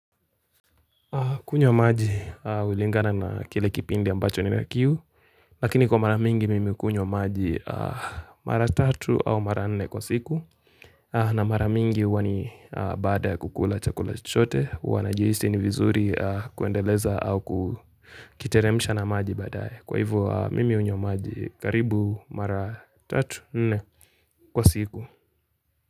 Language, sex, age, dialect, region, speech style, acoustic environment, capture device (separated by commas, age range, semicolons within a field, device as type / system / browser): Swahili, male, 18-24, Kenya - Nairobi Sheng-influenced, Kenya, relaxed, nature, mobile / Linux / Chrome